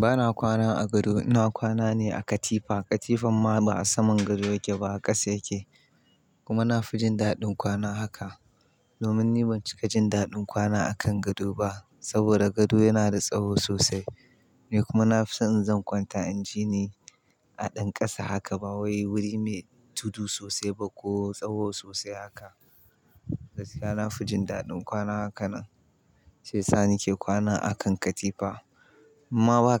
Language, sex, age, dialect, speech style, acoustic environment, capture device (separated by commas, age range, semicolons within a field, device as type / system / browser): Hausa, male, 25-34, Nigeria - Kano, happy, silence; nature, mobile / Linux / Chrome